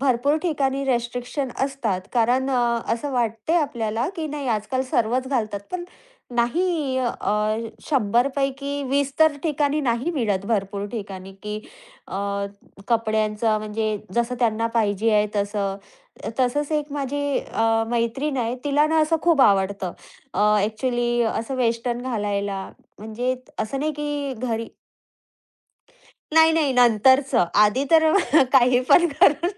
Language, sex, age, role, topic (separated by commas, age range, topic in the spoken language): Marathi, female, 30-34, guest, कुटुंबात वाद सामान्यतः कोणत्या कारणांमुळे होतात, असं तुम्हाला वाटतं?